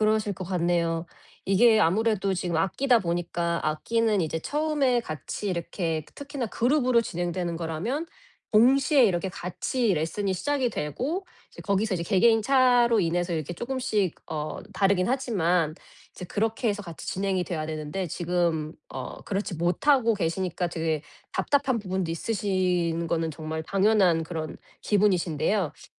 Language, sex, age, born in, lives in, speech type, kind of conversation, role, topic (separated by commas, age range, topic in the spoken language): Korean, female, 40-44, South Korea, United States, conversation, advice, advisor, 시간이 부족할 때 취미를 계속 유지하려면 어떻게 해야 하나요?